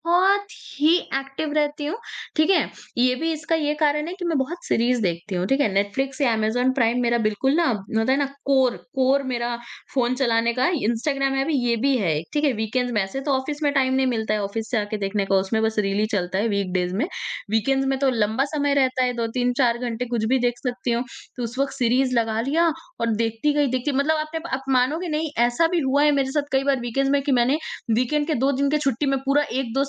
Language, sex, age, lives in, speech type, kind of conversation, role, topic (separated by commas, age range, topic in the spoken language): Hindi, female, 40-44, India, conversation, podcast, guest, Weekend pe phone switch off kar paate ho, ya nahi?